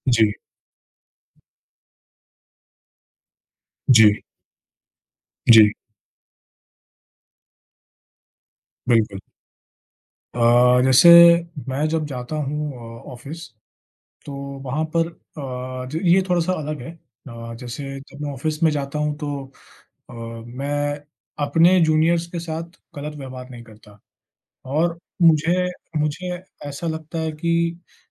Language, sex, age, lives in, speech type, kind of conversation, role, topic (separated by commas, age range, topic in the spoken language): Hindi, male, 25-29, India, conversation, unstructured, none, आपको सबसे ज़्यादा खुशी कब मिलती है?